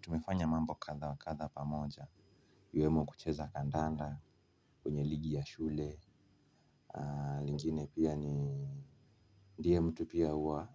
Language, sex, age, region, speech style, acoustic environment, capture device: Swahili, male, 25-34, Kenya, nervous, silence, mobile / Linux / Chrome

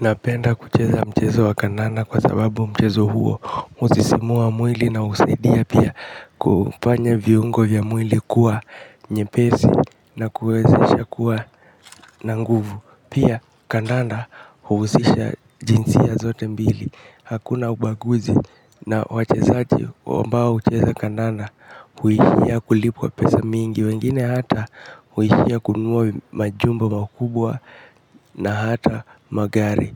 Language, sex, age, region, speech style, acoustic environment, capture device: Swahili, male, 18-24, Kenya, nervous, nature, mobile / Linux / Chrome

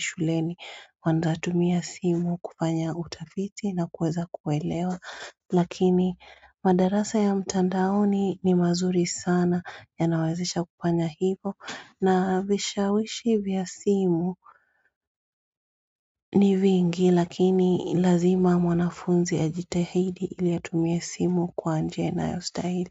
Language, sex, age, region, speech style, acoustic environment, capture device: Swahili, female, 35-44, Kenya, tired, silence, mobile / Android / Chrome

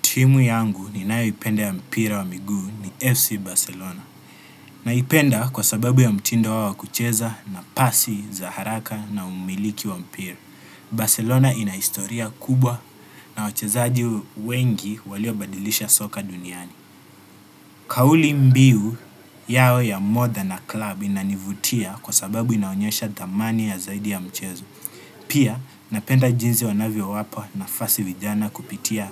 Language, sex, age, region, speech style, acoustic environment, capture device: Swahili, male, 18-24, Kenya, happy; relaxed; focused, nature, desktop / Windows / Chrome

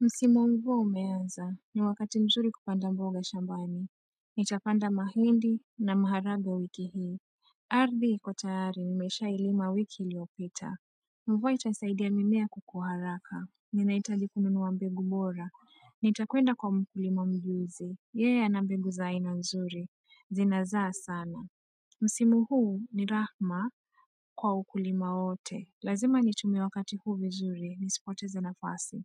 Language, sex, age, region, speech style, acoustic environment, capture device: Swahili, female, 25-34, Kenya, tired, nature, mobile / Android / Chrome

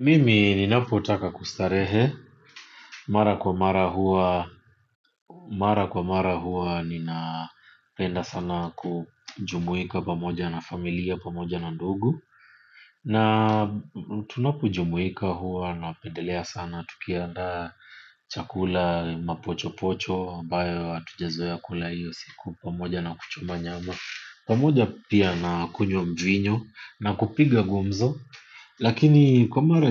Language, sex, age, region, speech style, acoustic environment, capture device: Swahili, male, 25-34, Kenya, focused, silence, mobile / Linux / Chrome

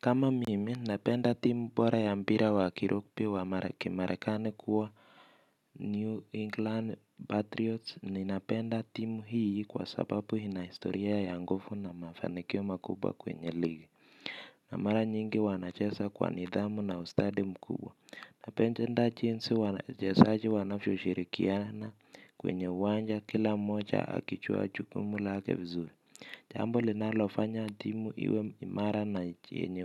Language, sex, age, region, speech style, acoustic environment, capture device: Swahili, male, 25-34, Kenya, relaxed, silence, mobile / Linux / Chrome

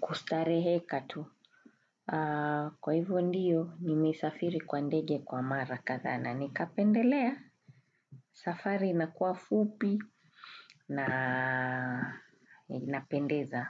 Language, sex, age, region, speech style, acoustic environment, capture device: Swahili, female, 35-44, Kenya, relaxed, people talking; traffic, desktop / Windows / Chrome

